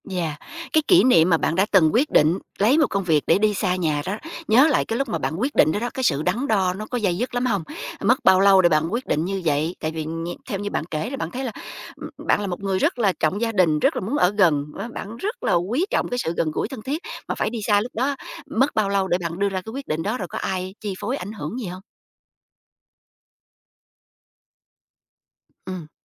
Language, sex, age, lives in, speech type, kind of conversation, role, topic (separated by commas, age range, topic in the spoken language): Vietnamese, female, 45-49, United States, conversation, podcast, host, Bạn dựa vào những tiêu chí nào để quyết định ở gần gia đình hay đi làm xa?